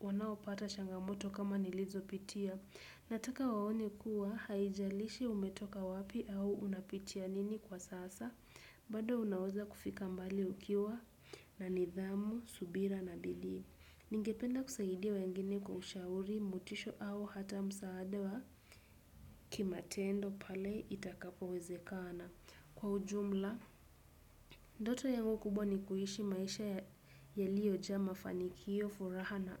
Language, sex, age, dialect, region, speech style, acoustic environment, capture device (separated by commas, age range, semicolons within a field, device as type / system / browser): Swahili, female, 18-24, Kenya - Nairobi Sheng-influenced, Kenya, relaxed, silence, desktop / Windows / Chrome